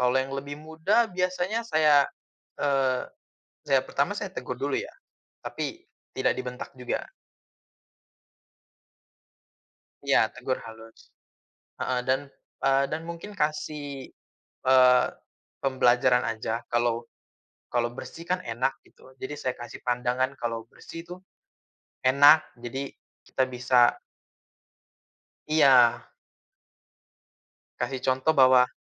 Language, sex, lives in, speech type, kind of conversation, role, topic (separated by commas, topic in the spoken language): Indonesian, male, Indonesia, conversation, unstructured, none, Kenangan apa yang membuatmu merasa marah ketika mengingatnya?